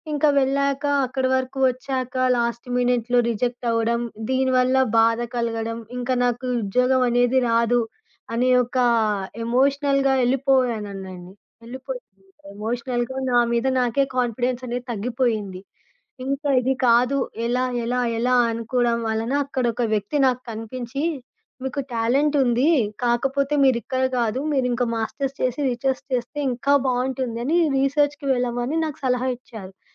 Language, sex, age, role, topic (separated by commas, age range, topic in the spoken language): Telugu, female, 25-29, guest, ఒక సంఘటన వల్ల మీ మనసు మారిపోయిన అనుభవాన్ని చెప్పగలరా?